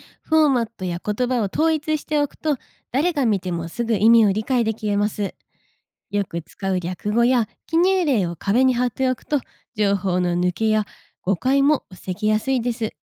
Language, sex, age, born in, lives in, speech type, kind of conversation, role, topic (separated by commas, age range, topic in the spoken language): Japanese, female, 25-29, Japan, Japan, read, expert-assistant, expert, 忙しい看護の仕事を効率よく進めるために役立つ習慣やアイデアは何ですか？